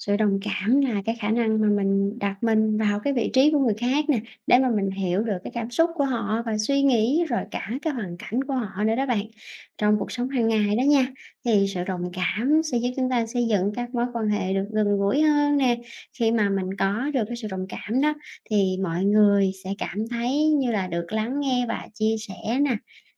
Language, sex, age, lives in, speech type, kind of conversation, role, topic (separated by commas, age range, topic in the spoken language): Vietnamese, female, 30-34, Vietnam, read, expert-assistant, expert, Vai trò của sự đồng cảm trong cuộc sống